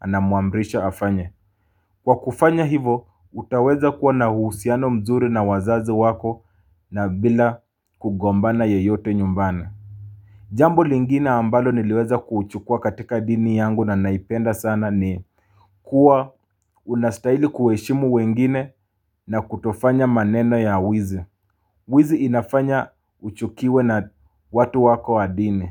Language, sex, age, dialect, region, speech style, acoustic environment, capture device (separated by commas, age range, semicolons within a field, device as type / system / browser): Swahili, male, 25-34, Kenya - Mombasa, Kenya, relaxed, silence, mobile / Linux / Chrome